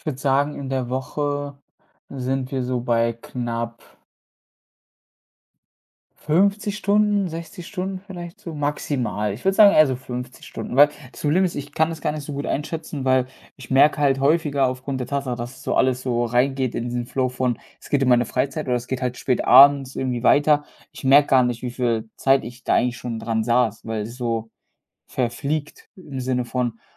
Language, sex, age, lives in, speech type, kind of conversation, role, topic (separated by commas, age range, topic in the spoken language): German, male, 25-29, Germany, conversation, advice, user, Wie setze ich klare Grenzen, wenn die Arbeit oft in meine Freizeit hineinragt?